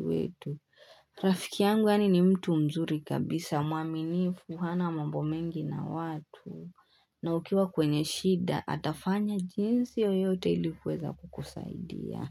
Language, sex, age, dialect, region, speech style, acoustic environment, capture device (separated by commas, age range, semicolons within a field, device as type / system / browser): Swahili, female, 18-24, Kenya - Nairobi Sheng-influenced, Kenya, nervous, people talking, desktop / Linux / Chrome